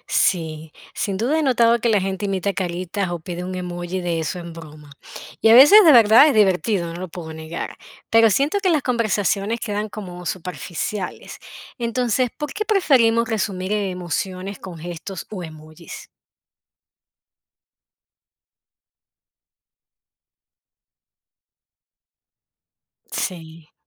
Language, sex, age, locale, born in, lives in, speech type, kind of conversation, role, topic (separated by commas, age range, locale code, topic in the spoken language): Spanish, female, 40-44, es-VE, Venezuela, United States, read, expert-assistant, asker, ¿Cómo crees que el uso de emojis está cambiando la forma en que hablamos en el día a día?